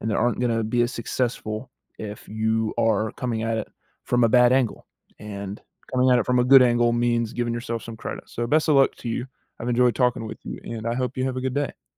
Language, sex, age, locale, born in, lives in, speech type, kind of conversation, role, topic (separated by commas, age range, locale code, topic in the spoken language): English, male, 18-19, en-US, United States, United States, conversation, advice, advisor, How can I make daily tasks feel more manageable when I'm overwhelmed?